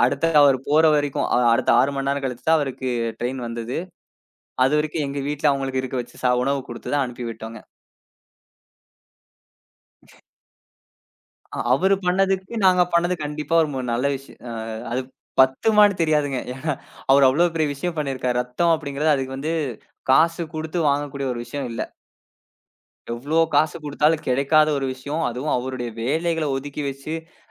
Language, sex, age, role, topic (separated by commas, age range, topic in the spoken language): Tamil, male, 20-24, guest, குரல் குறிப்புகளைப் பயன்படுத்துவதில் நீங்கள் எந்த சூழ்நிலைகளுக்கு முன்னுரிமை தருவீர்கள்?